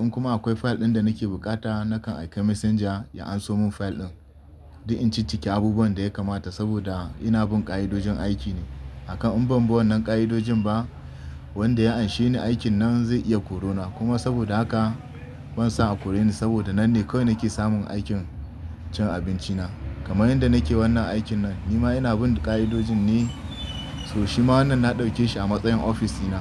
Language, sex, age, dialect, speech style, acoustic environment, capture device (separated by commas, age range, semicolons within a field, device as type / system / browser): Hausa, male, 25-34, Nigeria - Kano, happy; excited; relaxed, nature, desktop / Linux / Chrome